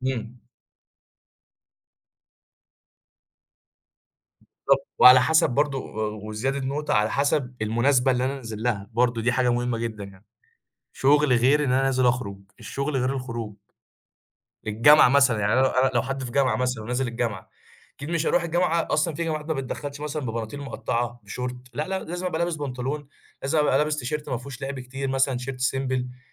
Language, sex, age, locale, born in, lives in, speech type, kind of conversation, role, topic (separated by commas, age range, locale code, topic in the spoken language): Arabic, male, 20-24, ar-EG, Egypt, Egypt, conversation, podcast, guest, إزاي بتوازن بين الراحة والشياكة في لبسك؟